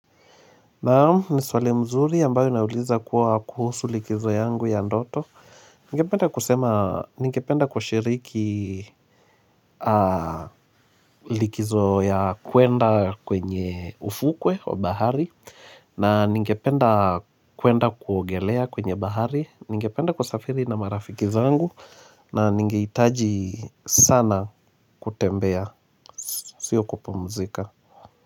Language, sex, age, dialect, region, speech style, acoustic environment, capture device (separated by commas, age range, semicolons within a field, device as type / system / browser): Swahili, male, 25-34, Kenya - Mombasa, Kenya, happy; relaxed, silence, mobile / Linux / Chrome